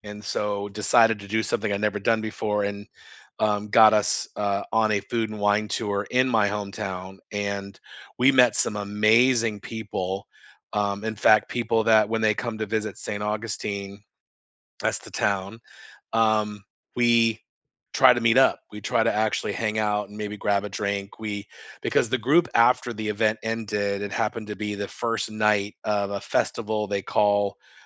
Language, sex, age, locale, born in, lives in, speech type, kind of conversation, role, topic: English, male, 50-54, en-US, United States, United States, conversation, unstructured, none, What local cafés are your favorite places to people-watch and enjoy a good conversation, and why?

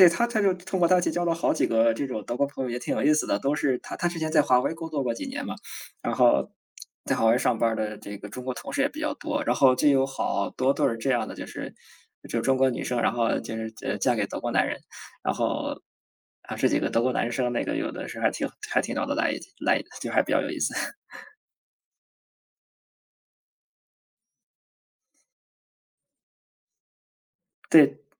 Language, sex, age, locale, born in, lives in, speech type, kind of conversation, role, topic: Chinese, male, 30-34, zh-CN, China, Germany, conversation, unstructured, none, 你觉得朋友之间最重要的是什么？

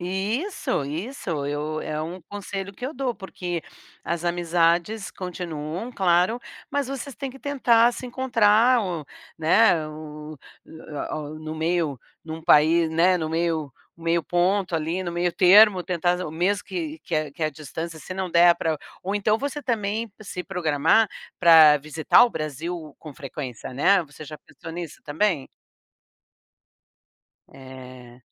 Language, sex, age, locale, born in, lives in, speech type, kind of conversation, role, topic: Portuguese, female, 60-64, pt-BR, Brazil, United States, conversation, advice, advisor, Como posso me adaptar melhor a uma nova cultura e a um novo ambiente?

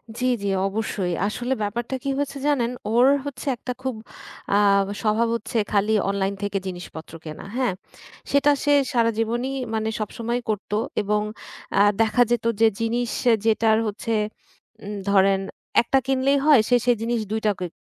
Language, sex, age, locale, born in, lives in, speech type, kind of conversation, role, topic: Bengali, female, 30-34, bn-BD, Bangladesh, Bangladesh, conversation, advice, user, বারবার আকস্মিক কেনাকাটা করার পর আপনার কি অপরাধবোধ হয়?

